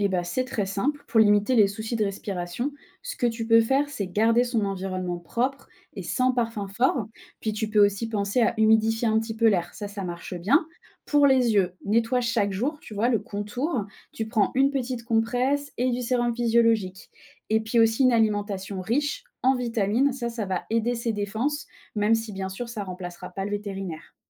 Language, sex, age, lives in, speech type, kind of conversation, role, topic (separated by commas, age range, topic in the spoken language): French, female, 25-29, France, read, expert-assistant, expert, Quelles astuces pour vivre avec un chien ou un chat d’une race fragile sans multiplier les visites chez le vétérinaire ?